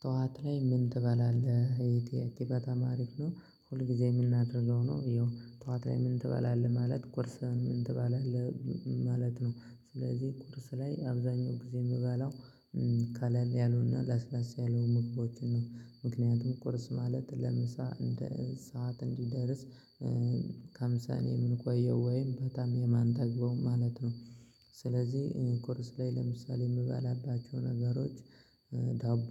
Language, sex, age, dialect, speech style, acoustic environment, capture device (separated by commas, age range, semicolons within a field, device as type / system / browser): Amharic, male, 25-34, Ethiopia - Gojjam, focused, silence, mobile / Linux / Chrome